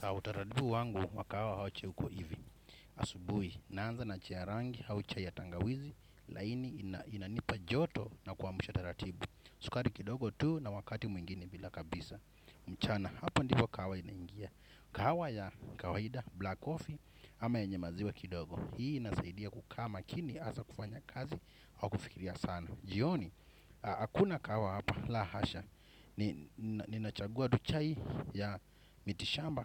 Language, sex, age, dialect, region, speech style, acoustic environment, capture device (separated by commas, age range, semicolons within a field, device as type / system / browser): Swahili, male, 25-34, Kenya - Mombasa, Kenya, happy, silence, desktop / Windows / Chrome